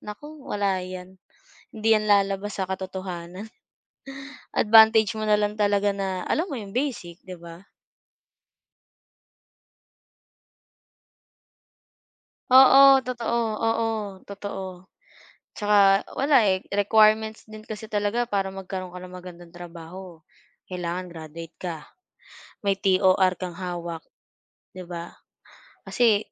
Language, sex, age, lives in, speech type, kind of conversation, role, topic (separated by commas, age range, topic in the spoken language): Filipino, female, 25-29, Philippines, conversation, unstructured, none, Ano ang nararamdaman mo tuwing may pagsusulit?